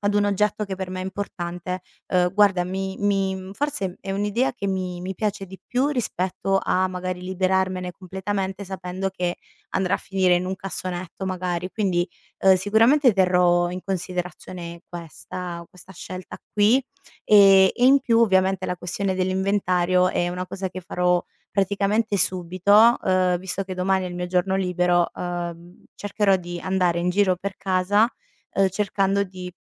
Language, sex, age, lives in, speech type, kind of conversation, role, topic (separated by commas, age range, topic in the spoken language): Italian, female, 30-34, Italy, conversation, advice, user, Difficoltà a liberarmi di oggetti con valore sentimentale